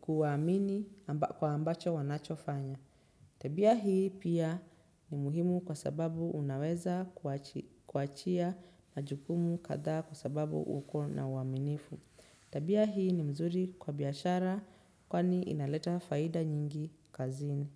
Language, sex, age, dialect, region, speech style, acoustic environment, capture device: Swahili, female, 25-34, Kenya - Nairobi Sheng-influenced, Kenya, relaxed, nature, desktop / Windows / Chrome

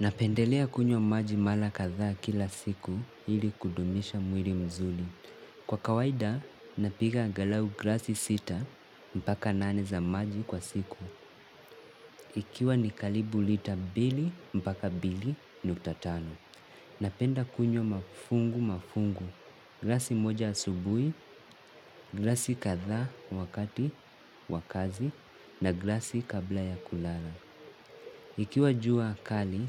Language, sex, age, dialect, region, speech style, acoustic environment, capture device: Swahili, male, 18-24, Kenya - Mombasa, Kenya, relaxed, nature, mobile / Linux / Chrome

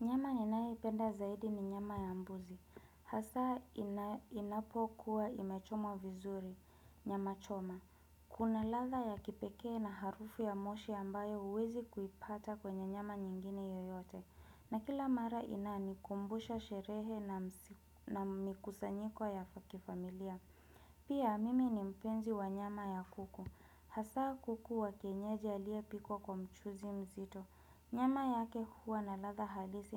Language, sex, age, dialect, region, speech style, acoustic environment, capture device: Swahili, female, 25-34, Kenya - Nairobi Sheng-influenced, Kenya, relaxed, nature; appliances; people talking, desktop / Windows / Chrome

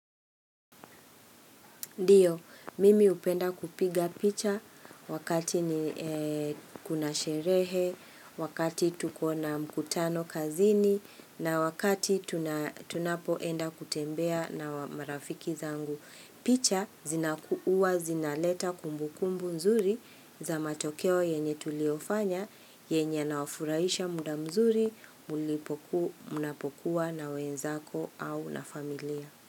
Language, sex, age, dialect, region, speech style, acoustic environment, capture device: Swahili, female, 45-54, Kenya - Nairobi Sheng-influenced, Kenya, happy; relaxed, people talking; nature, mobile / Linux / Chrome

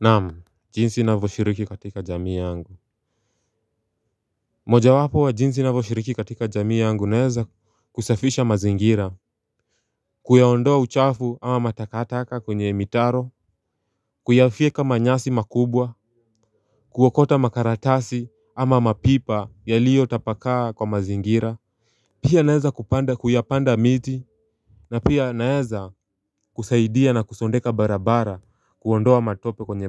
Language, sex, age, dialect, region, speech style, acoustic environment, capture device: Swahili, male, 18-24, Kenya - Nairobi Sheng-influenced, Kenya, focused, people talking, mobile / Linux / Chrome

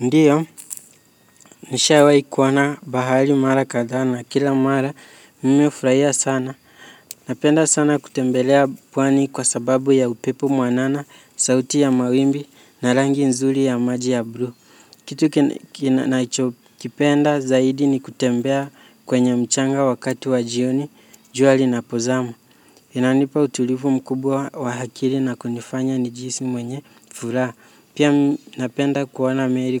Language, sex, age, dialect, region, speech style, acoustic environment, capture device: Swahili, male, 18-24, Kenya - Nairobi Sheng-influenced, Kenya, focused, nature; silence, mobile / Linux / Chrome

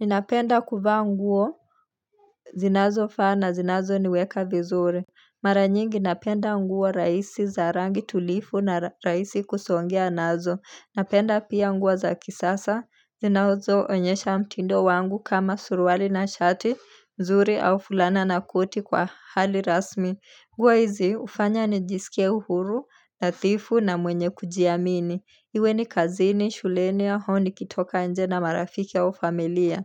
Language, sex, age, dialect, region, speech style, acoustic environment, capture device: Swahili, female, 25-34, Kenya - Nairobi Sheng-influenced, Kenya, relaxed, nature; silence, mobile / Linux / Chrome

